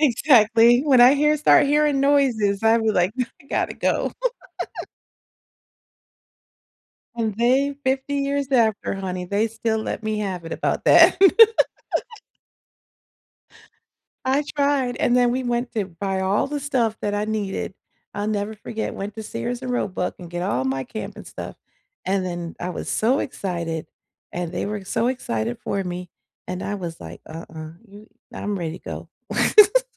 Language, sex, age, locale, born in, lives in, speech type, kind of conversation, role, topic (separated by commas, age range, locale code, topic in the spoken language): English, female, 50-54, en-US, United States, United States, conversation, unstructured, none, Where would you take your friends first if they visited tomorrow?